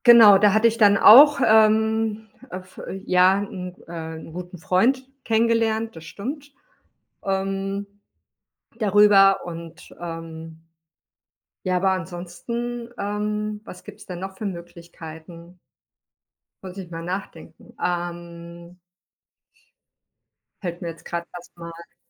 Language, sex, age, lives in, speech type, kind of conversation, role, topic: German, female, 40-44, Spain, conversation, podcast, guest, Wie gehst du unterwegs mit Einsamkeit um?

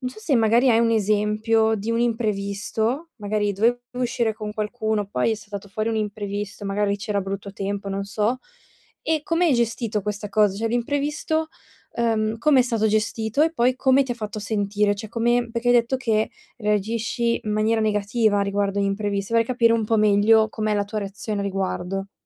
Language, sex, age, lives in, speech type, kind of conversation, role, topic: Italian, female, 20-24, Italy, conversation, advice, advisor, Come posso pianificare meglio per affrontare imprevisti e ostacoli?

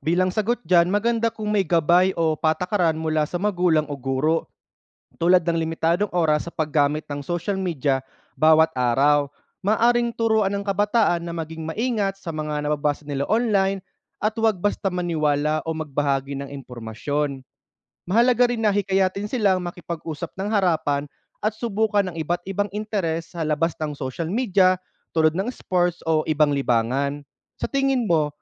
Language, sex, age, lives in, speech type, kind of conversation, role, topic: Filipino, male, 30-34, Philippines, read, expert-assistant, expert, Ano ang epekto ng maagang paggamit ng social media sa pag-uugali ng kabataan?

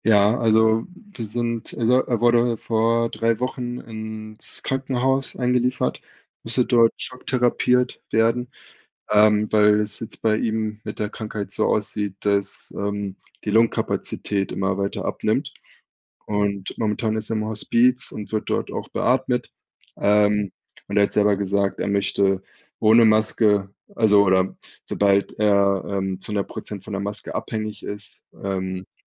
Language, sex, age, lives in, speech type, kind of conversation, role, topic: German, male, 30-34, Germany, conversation, advice, user, Wie kann ich Entscheidungen treffen, wenn alles unsicher und unklar ist?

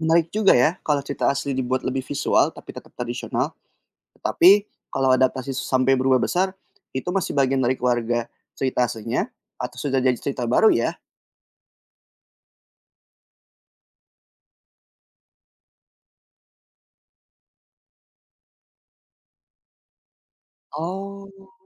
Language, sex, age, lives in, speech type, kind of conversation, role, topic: Indonesian, male, 25-29, Indonesia, read, expert-assistant, asker, Apa maksudnya kalau dikatakan ada 'hubungan keluarga' antara cerita rakyat dari berbagai negara?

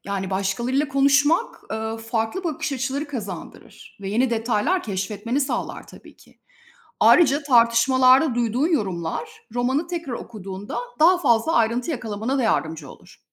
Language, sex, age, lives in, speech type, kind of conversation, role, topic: Turkish, female, 45-49, Spain, read, expert-assistant, expert, Klasik romanları daha iyi anlamak için hangi yöntemler kullanılabilir?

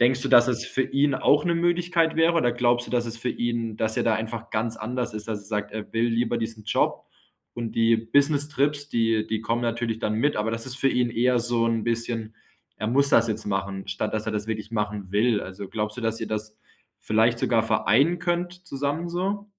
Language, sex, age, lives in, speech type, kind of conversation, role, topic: German, male, 25-29, Germany, conversation, advice, advisor, Wie kann ich herausfinden, welche Lebensziele mir wirklich wichtig sind?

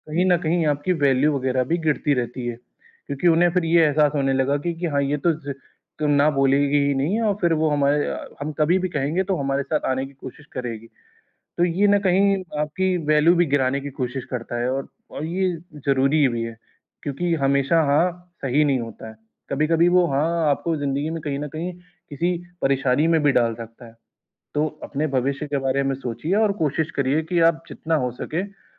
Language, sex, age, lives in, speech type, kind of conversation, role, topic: Hindi, male, 20-24, India, conversation, advice, advisor, मैं दोस्तों के साथ पार्टी और त्योहारों में सामाजिक दबाव और अपेक्षाओं को कैसे संभालूँ?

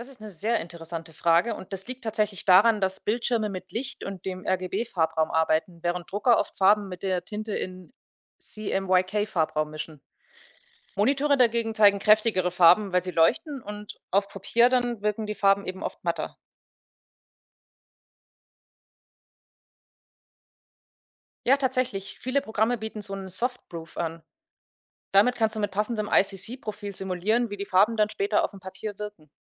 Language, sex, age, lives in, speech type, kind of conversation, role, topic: German, female, 30-34, Germany, read, expert-assistant, expert, Woran kann es liegen, dass Farben in digitalen Bildern am Bildschirm anders aussehen als beim Drucken?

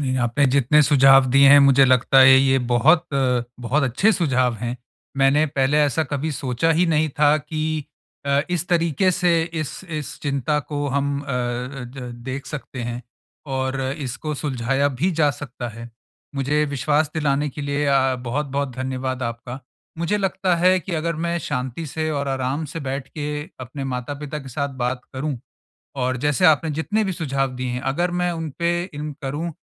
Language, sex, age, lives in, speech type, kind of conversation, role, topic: Hindi, male, 45-49, India, conversation, advice, user, हम पालन-पोषण से जुड़े फैसलों पर माता-पिता के बीच संवाद कैसे बेहतर बना सकते हैं?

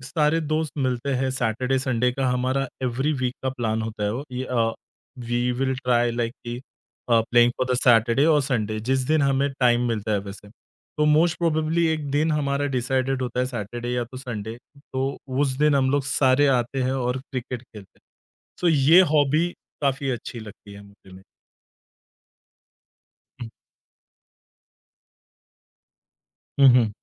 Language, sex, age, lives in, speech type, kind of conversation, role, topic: Hindi, male, 30-34, India, conversation, podcast, guest, Tumhe kaunse shauk sach mein time well spent lagte hain?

